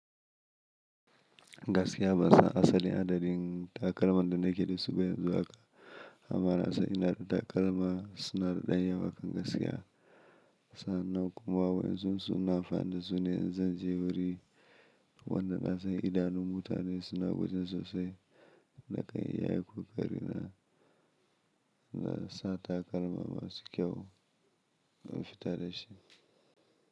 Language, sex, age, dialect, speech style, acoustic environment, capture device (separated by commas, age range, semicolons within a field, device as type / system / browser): Hausa, male, 25-34, Nigeria - Kano, happy; anxious, silence, mobile / Linux / Chrome